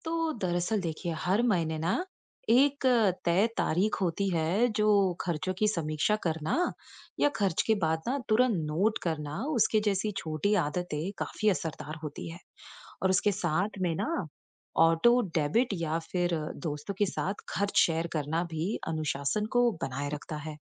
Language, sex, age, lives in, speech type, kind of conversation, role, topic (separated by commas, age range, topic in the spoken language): Hindi, female, 35-39, United States, read, expert-assistant, expert, हम अपने रोज़मर्रा के आर्थिक फैसलों के बारे में सही और पूरी जानकारी कहाँ से पा सकते हैं?